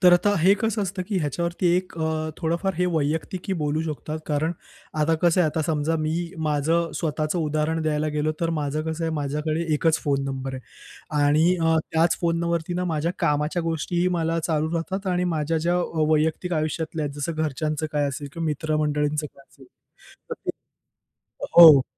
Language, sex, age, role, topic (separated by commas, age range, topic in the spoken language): Marathi, male, 25-29, guest, सोशल मिडियावर वेळ घालवताना तुम्ही कसे संतुलन साधता?